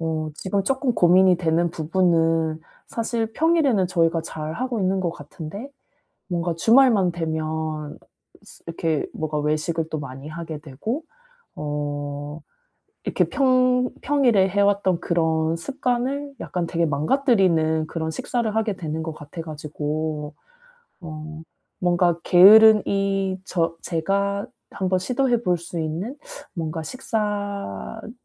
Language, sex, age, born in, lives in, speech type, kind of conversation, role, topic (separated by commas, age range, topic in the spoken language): Korean, female, 35-39, South Korea, South Korea, conversation, advice, user, 주말에도 평일처럼 규칙적으로 식사하는 실용적인 방법이 있을까요?